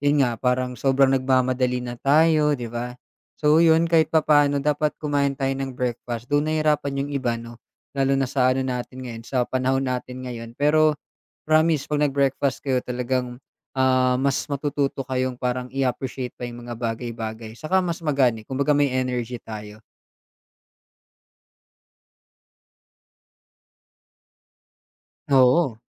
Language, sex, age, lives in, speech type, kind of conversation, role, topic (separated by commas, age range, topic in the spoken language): Filipino, male, 25-29, Philippines, conversation, podcast, guest, Paano ka nagsisimula sa pagninilay-nilay tuwing umaga?